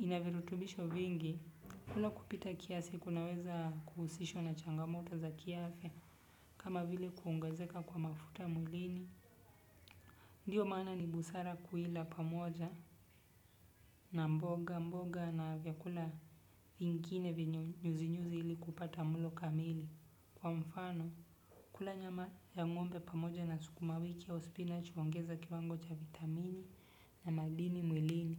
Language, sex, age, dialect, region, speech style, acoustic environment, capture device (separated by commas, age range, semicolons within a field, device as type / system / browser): Swahili, male, 25-34, Kenya - Nairobi Sheng-influenced, Kenya, focused, silence, desktop / Windows / Chrome